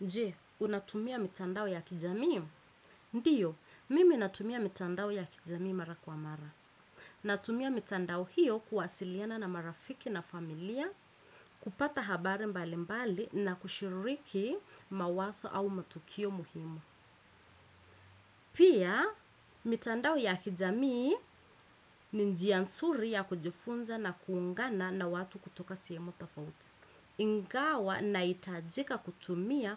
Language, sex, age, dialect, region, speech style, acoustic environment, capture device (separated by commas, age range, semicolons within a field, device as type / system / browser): Swahili, female, 25-34, Kenya - Mombasa, Kenya, focused, nature, desktop / Windows / Chrome